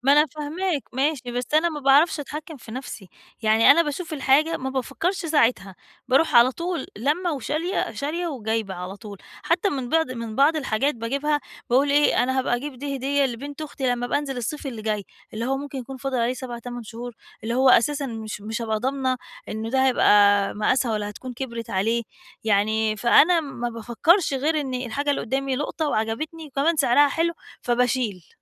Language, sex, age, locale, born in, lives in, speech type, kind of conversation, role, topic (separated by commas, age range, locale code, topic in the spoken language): Arabic, female, 40-44, ar-EG, Egypt, Portugal, conversation, advice, user, إزاي أتجنب أخطاء الشراء وأنا معايا ميزانية محدودة؟